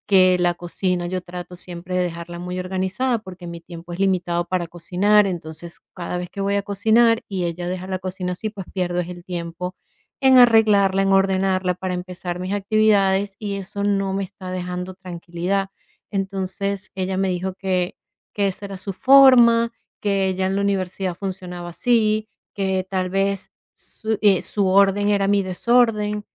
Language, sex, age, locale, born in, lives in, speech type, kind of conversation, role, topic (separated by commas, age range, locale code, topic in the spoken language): Spanish, female, 45-49, es-VE, Venezuela, United States, conversation, advice, user, ¿Por qué me cuesta simplificar y mantener mi hogar ordenado?